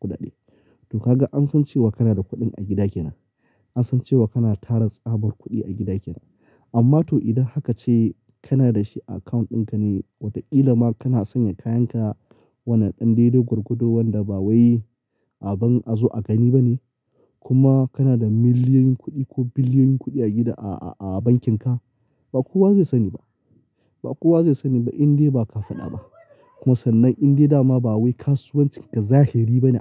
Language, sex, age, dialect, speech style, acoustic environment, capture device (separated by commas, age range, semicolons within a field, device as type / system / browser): Hausa, male, 25-34, Nigeria - Kano, happy; focused; excited, silence; nature; appliances, mobile / Linux / Chrome